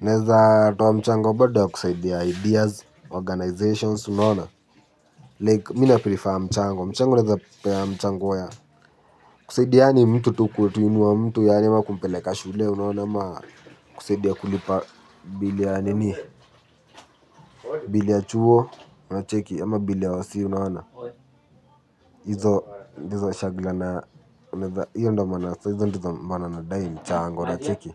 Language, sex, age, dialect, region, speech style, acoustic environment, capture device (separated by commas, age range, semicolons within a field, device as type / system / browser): Swahili, male, 18-24, Kenya - Nairobi Sheng-influenced, Kenya, happy, silence, mobile / Linux / Chrome